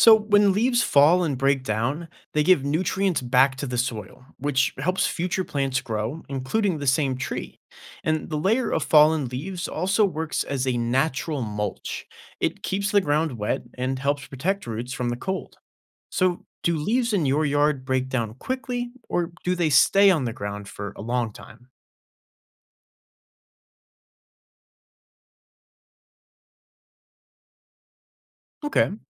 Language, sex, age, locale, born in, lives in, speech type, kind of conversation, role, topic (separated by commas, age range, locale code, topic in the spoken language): English, male, 20-24, en-US, United States, United States, read, expert-assistant, expert, What causes leaves to change color and fall in autumn?